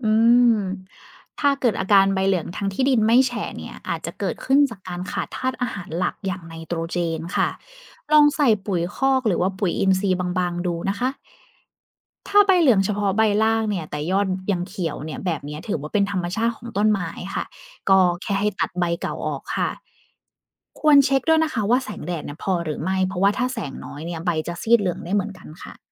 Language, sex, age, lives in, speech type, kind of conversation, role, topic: Thai, female, 30-34, Thailand, read, expert-assistant, expert, การปลูกผักชีฝรั่งในกระถาง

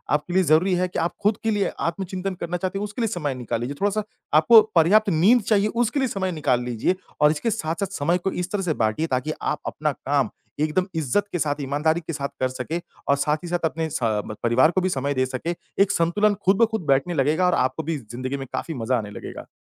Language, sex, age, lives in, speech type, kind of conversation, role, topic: Hindi, male, 30-34, India, conversation, podcast, guest, घर से काम करने से आपकी दिनचर्या में क्या बदलाव आया?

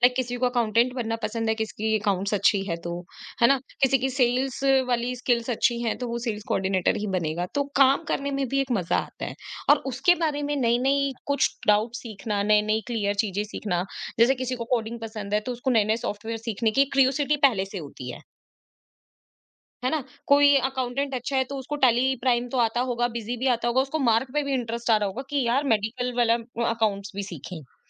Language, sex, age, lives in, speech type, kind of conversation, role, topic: Hindi, female, 25-29, India, conversation, podcast, host, Aap apni curiosity ko roz kaise jagaye rakhte hain?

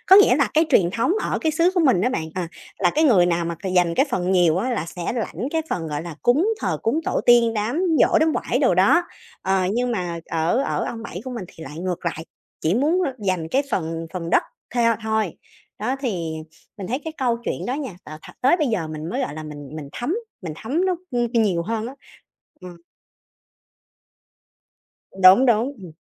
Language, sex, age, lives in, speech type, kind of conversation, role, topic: Vietnamese, female, 30-34, Vietnam, conversation, podcast, guest, Bạn đã được dạy những điều gì về tổ tiên từ khi còn nhỏ?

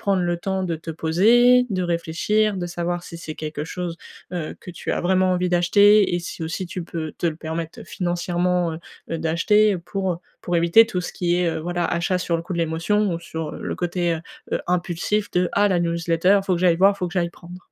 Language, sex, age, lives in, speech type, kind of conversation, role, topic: French, female, 20-24, France, conversation, advice, advisor, Comment puis-je gérer mon budget lorsque j’achète des vêtements et des cadeaux ?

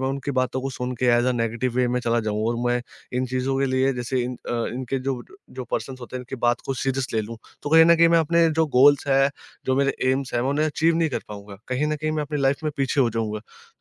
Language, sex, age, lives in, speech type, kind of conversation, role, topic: Hindi, male, 20-24, India, conversation, podcast, guest, Negative self-talk ko control karne ke tumhare simple tareeke kya hain?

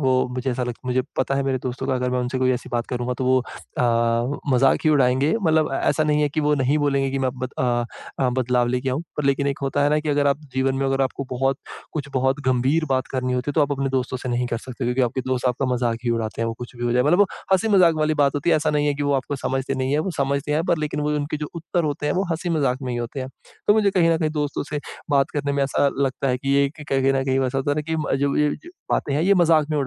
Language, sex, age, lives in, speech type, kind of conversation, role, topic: Hindi, male, 25-29, India, conversation, advice, user, मैं अपनी नई पहचान कैसे ढूँढूँ?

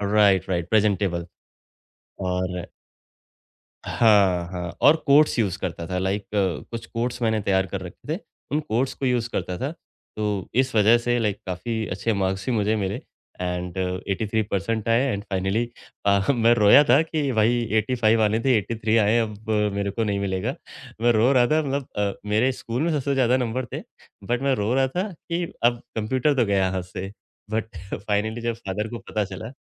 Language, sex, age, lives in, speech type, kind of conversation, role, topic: Hindi, male, 35-39, India, conversation, podcast, guest, Exam pressure ko tum kaise handle karte the?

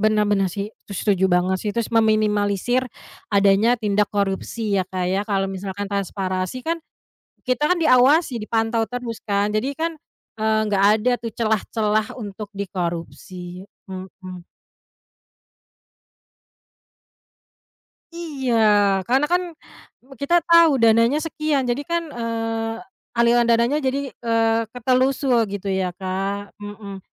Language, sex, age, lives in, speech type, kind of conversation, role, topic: Indonesian, female, 30-34, Indonesia, conversation, unstructured, none, Mengapa transparansi pemerintah penting bagi masyarakat?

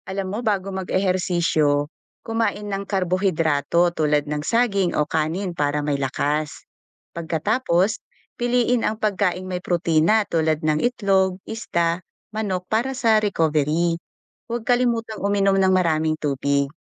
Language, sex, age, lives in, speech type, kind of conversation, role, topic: Filipino, female, 40-44, Philippines, read, expert-assistant, expert, Paano ko malalaman kung ang mga fitness tips na nakikita ko online ay talagang epektibo at hindi lang uso o haka-haka?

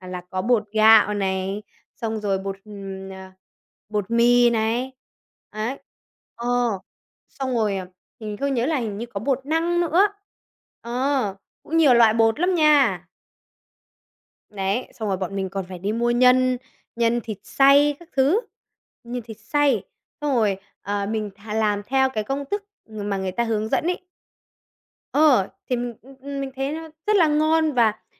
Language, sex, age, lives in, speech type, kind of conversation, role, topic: Vietnamese, male, 20-24, Vietnam, conversation, podcast, guest, Bạn làm sao để lên ý tưởng cho một món ăn mới?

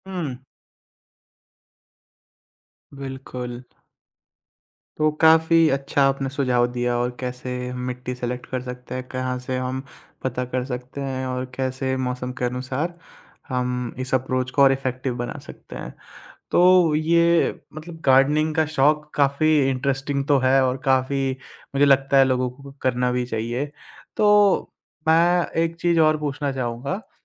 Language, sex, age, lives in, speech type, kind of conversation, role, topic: Hindi, male, 20-24, India, conversation, podcast, host, Home gardening tumne kaise shuru ki?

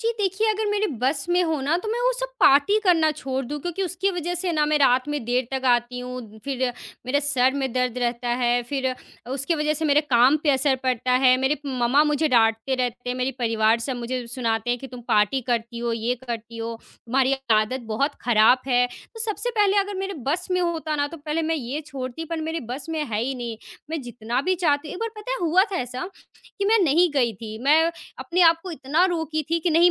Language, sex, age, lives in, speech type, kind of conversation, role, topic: Hindi, female, 20-24, India, conversation, advice, user, पुरानी आदतों को छोड़ने का संघर्ष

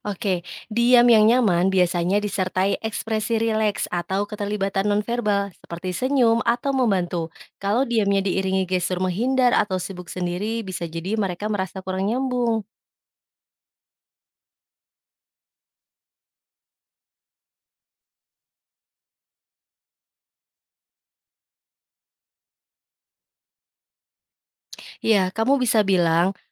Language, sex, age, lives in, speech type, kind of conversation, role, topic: Indonesian, female, 25-29, Indonesia, read, expert-assistant, expert, Bagaimana cara menemukan keseimbangan antara konsumsi hiburan digital dan waktu berkualitas bersama keluarga atau teman?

